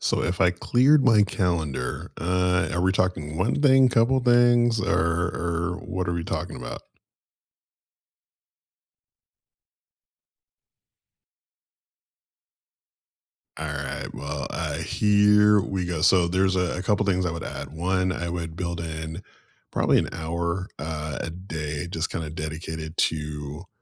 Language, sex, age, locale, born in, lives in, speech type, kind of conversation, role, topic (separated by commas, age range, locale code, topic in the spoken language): English, male, 35-39, en-US, United States, United States, conversation, unstructured, none, If you cleared your calendar, what would you add to make next year feel meaningful?